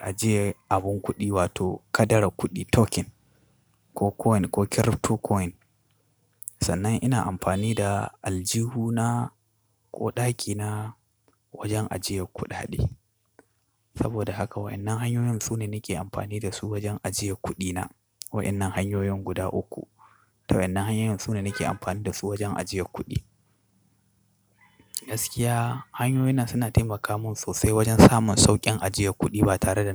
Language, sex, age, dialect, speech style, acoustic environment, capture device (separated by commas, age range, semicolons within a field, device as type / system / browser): Hausa, male, 25-34, Nigeria - Katsina, focused, construction, desktop / Linux / Chrome